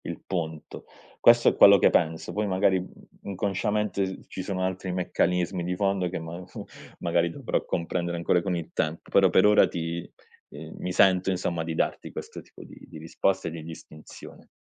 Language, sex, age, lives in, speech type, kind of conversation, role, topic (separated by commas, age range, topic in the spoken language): Italian, male, 30-34, Italy, conversation, podcast, guest, Come usi la musica o il silenzio per entrare nel flusso?